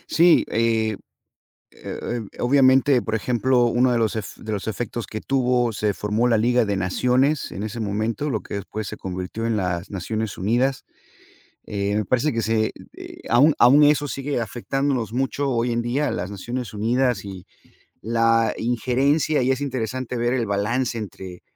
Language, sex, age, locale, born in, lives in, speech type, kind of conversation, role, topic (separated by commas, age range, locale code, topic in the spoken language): Spanish, male, 50-54, es-MX, United States, United States, conversation, unstructured, none, ¿Qué evento histórico crees que cambió el mundo para siempre?